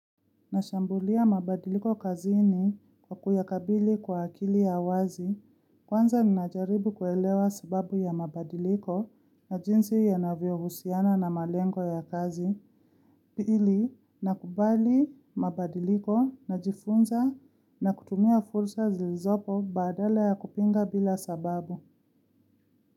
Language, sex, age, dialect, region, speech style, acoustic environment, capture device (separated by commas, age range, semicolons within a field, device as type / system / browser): Swahili, female, 25-34, Kenya - Nairobi Sheng-influenced, Kenya, relaxed, silence, mobile / Linux / Chrome